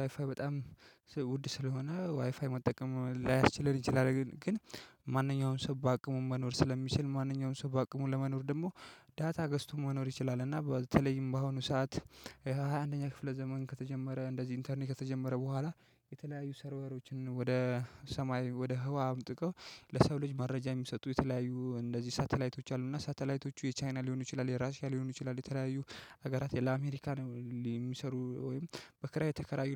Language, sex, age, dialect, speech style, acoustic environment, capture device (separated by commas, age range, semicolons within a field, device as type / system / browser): Amharic, male, 25-34, Ethiopia - Addis Ababa, relaxed, nature, mobile / Linux / Chrome